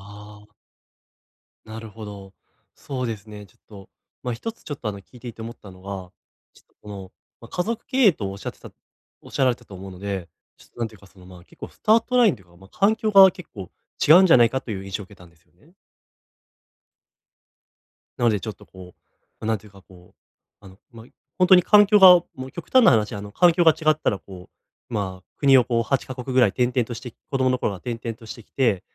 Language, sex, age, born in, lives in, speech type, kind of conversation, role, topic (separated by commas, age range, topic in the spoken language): Japanese, male, 20-24, Japan, Japan, conversation, advice, advisor, 友人の活動を見て劣等感を感じて焦りや不安が強いとき、どうすればいいですか？